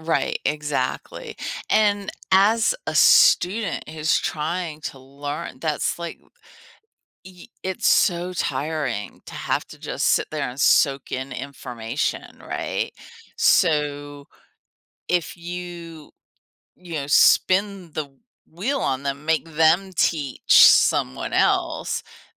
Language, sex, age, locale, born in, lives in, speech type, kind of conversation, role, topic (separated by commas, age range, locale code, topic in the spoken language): English, female, 50-54, en-US, United States, United States, conversation, podcast, guest, How does sharing what you know help you grow and connect with others?